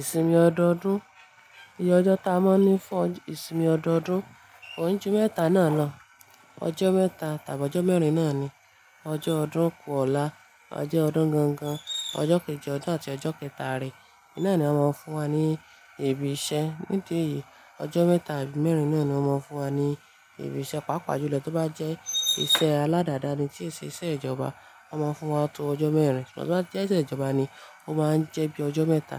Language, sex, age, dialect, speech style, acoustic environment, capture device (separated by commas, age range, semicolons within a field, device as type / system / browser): Yoruba, female, 25-34, Nigeria - Ibadan, happy, appliances, mobile / Linux / Chrome